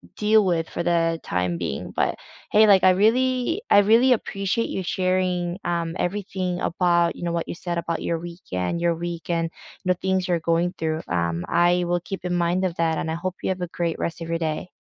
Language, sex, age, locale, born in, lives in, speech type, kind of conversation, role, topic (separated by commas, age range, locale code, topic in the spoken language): English, female, 30-34, en-CA, South Korea, Canada, conversation, unstructured, none, What does your ideal slow weekend look like, and what small rituals could we swap to recharge?